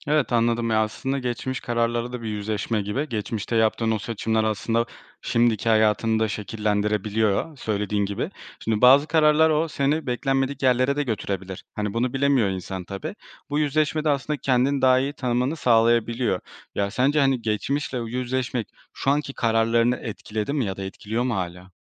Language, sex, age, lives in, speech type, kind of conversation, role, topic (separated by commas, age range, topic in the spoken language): Turkish, male, 25-29, Poland, conversation, podcast, host, Hayatının yönünü tamamen değiştiren bir karşılaşma oldu mu?